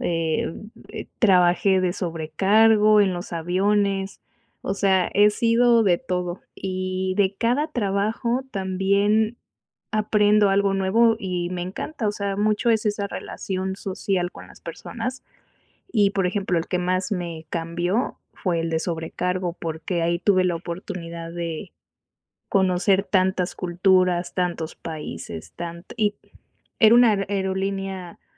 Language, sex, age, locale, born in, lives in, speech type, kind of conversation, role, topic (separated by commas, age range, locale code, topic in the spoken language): Spanish, female, 35-39, es-MX, Mexico, Mexico, conversation, unstructured, none, ¿Alguna vez un trabajo que parecía normal te ha cambiado la vida?